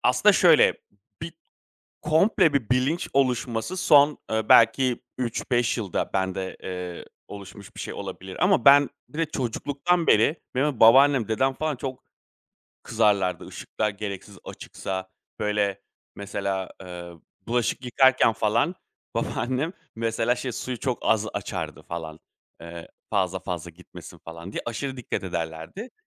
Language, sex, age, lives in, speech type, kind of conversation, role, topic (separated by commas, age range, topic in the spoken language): Turkish, male, 35-39, Greece, conversation, podcast, guest, Plastik azaltmak için hangi küçük adımları önerirsin?